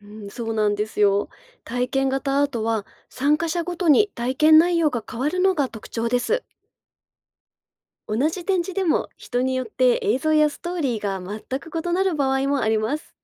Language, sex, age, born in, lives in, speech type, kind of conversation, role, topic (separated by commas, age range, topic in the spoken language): Japanese, female, 35-39, Japan, Japan, read, expert-assistant, expert, アートの良し悪しを語るのは、料理の味を評価するのと似ているという例えがありますが、どう思いますか？